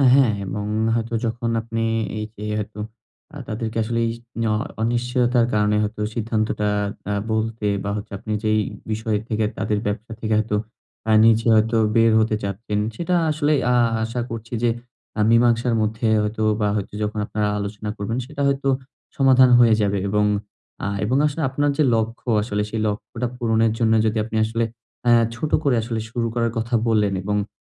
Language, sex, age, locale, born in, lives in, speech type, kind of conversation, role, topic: Bengali, male, 20-24, bn-BD, Bangladesh, Bangladesh, conversation, advice, advisor, অনিশ্চয়তার সময় আমি কীভাবে ভালো সিদ্ধান্ত নিতে পারি?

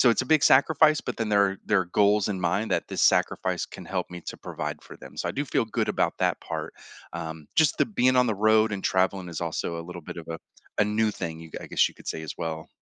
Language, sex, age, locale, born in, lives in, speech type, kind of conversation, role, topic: English, male, 45-49, en-US, United States, United States, conversation, advice, user, How can I manage excitement and nerves when starting a new job?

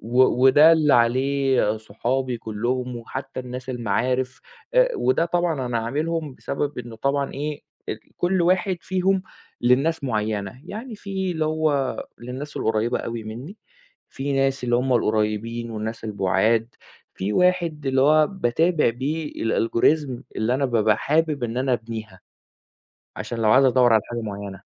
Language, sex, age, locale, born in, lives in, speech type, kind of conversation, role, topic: Arabic, male, 20-24, ar-EG, Saudi Arabia, Egypt, conversation, podcast, guest, تفتكر الخصوصية على الإنترنت هتبقى عاملة إزاي؟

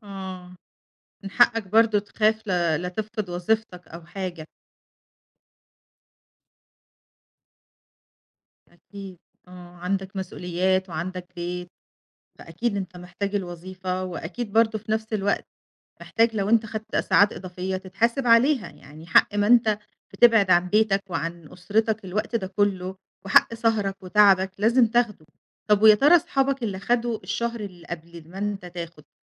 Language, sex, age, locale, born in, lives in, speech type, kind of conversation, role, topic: Arabic, female, 40-44, ar-EG, Egypt, Greece, conversation, advice, advisor, إزاي أتعامل مع مدير بيطلب مني ساعات شغل إضافية على طول؟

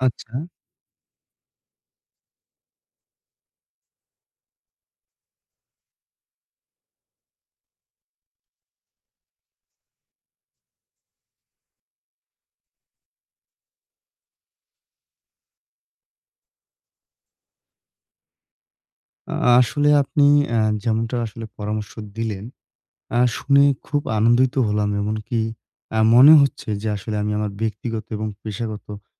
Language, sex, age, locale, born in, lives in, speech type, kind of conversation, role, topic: Bengali, male, 20-24, bn-BD, Bangladesh, Bangladesh, conversation, advice, user, আমি কীভাবে ব্যক্তিগত জীবন ও পেশাগত কাজের সময়ের মধ্যে ভারসাম্য স্থাপন করতে পারি?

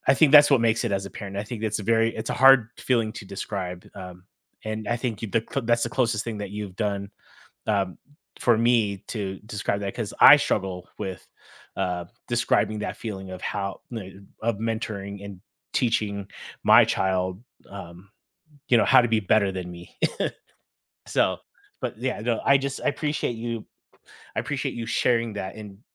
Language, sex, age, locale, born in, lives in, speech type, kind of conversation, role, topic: English, male, 35-39, en-US, United States, United States, conversation, podcast, host, How do you define and measure success in your own life?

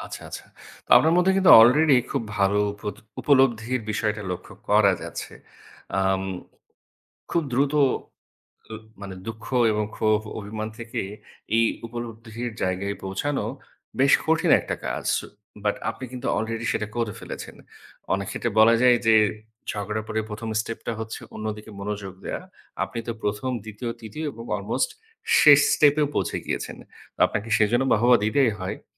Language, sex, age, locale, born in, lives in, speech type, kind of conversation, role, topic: Bengali, male, 30-34, bn-BD, Bangladesh, Bangladesh, conversation, advice, advisor, সম্পর্কের ছোটখাটো ঝগড়ার পর আপনি কি অতিরিক্ত দুঃখ ও ক্ষোভ ধরে রাখেন?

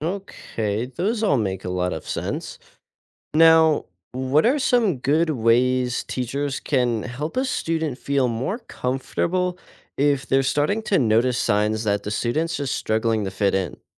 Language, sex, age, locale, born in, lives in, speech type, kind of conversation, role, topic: English, male, 18-19, en-US, United States, United States, read, expert-assistant, asker, How can you create a safe and inclusive environment at school?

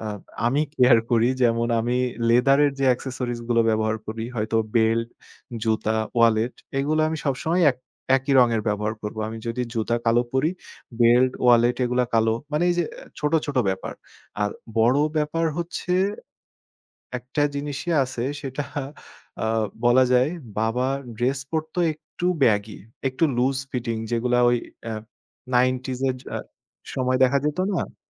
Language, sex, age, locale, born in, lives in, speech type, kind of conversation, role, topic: Bengali, male, 25-29, bn-BD, Bangladesh, Bangladesh, conversation, podcast, guest, আপনার চেহারা-সাজে পারিবারিক প্রভাব কতটা প্রতিফলিত হয়?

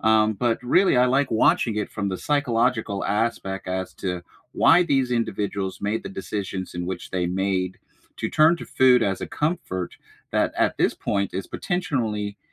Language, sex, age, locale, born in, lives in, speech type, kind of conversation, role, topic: English, male, 40-44, en-US, United States, United States, conversation, unstructured, none, What comfort TV shows do you rewatch when you need a lift?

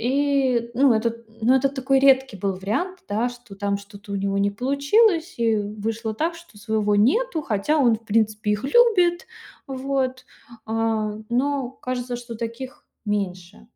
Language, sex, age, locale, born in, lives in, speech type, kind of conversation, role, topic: Russian, female, 30-34, ru-RU, Russia, Estonia, conversation, advice, user, Как пережить развод и начать новую жизнь отдельно?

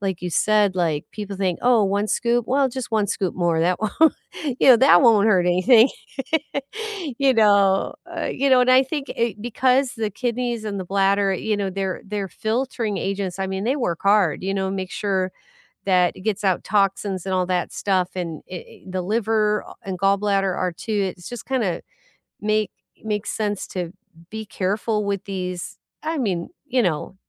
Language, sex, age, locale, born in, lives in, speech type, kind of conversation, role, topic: English, female, 60-64, en-US, United States, United States, conversation, unstructured, none, What does a nourishing meal look like to you?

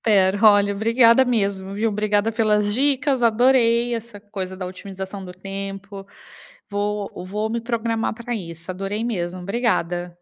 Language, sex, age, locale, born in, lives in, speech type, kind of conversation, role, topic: Portuguese, female, 35-39, pt-BR, Brazil, Italy, conversation, advice, user, Quais dificuldades você está enfrentando para estabelecer uma rotina na nova casa?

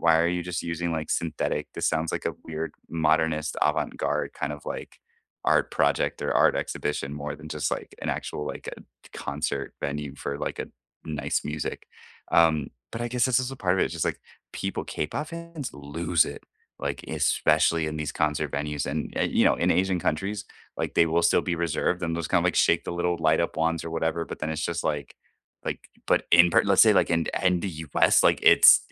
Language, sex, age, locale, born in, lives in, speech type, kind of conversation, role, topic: English, male, 30-34, en-US, United States, United States, conversation, unstructured, none, How did your first concert open your ears, influence your playlists, and shape who you listened to music with?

